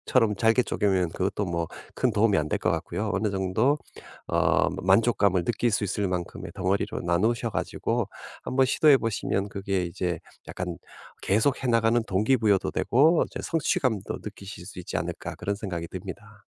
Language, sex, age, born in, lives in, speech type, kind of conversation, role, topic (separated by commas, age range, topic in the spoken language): Korean, male, 50-54, South Korea, United States, conversation, advice, advisor, 중요한 일을 계속 미루느라 시작조차 못하고 있는데, 어떻게 하면 좋을까요?